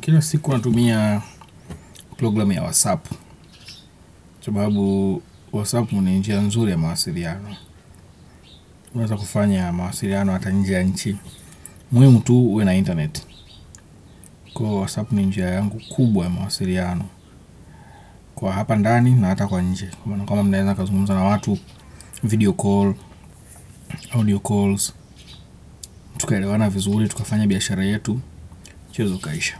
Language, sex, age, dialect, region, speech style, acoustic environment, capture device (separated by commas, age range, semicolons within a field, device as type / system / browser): Swahili, male, 25-34, Kenya - Nairobi Sheng-influenced, Kenya, focused; happy, nature; people talking, desktop / Windows / Chrome